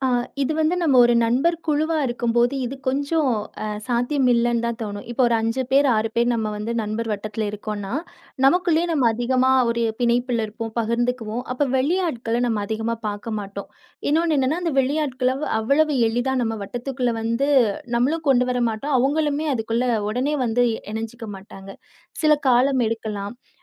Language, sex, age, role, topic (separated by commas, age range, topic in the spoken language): Tamil, female, 30-34, guest, புதிய மனிதரை நண்பராக்கும்போது முதலில் நீங்கள் என்ன செய்வீர்கள்?